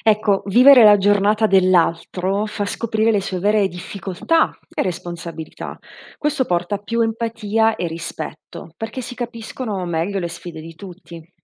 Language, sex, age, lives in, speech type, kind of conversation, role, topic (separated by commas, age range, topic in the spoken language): Italian, female, 30-34, Italy, read, expert-assistant, expert, Cosa succederebbe, secondo te, se in una famiglia tutti dovessero scambiarsi i ruoli per una settimana (genitori che fanno i figli e viceversa)? Quali nuove comprensioni o difficoltà potrebbero emergere?